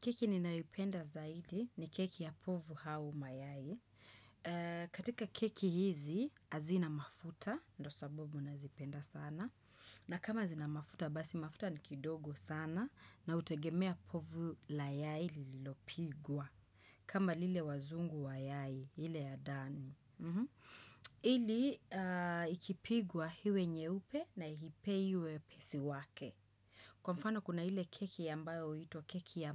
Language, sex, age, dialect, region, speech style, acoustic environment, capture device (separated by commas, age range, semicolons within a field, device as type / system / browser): Swahili, female, 25-34, Kenya - Nairobi Sheng-influenced, Kenya, happy, silence, desktop / Windows / Chrome